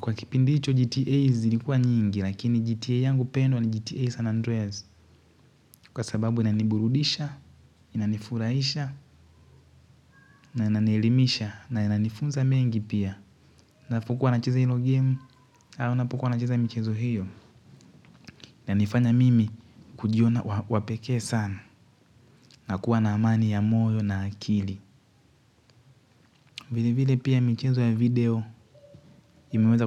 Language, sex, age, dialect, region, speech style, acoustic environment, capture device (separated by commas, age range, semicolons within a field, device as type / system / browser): Swahili, male, 18-24, Tanzania - Dar es Salaam, Tanzania, happy; focused, people talking; nature, mobile / Linux / Chrome